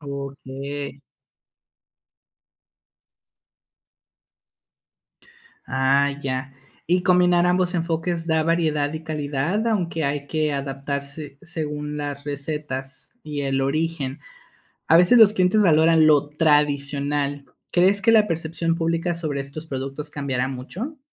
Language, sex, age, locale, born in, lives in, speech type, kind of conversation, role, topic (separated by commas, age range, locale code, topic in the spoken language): Spanish, male, 25-29, es-MX, Mexico, Mexico, read, expert-assistant, asker, ¿Por qué las tecnologías modernas han cambiado la forma en que cultivamos nuestros alimentos?